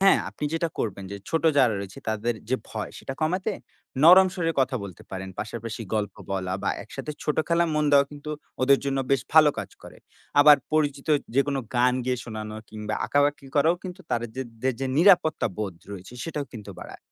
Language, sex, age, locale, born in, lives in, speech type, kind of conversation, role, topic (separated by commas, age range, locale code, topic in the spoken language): Bengali, male, 20-24, bn-BD, Bangladesh, Bangladesh, read, expert-assistant, expert, জরুরি পরিস্থিতিতে নিজের মনোযোগ ধরে রাখার সহজ উপায় কী?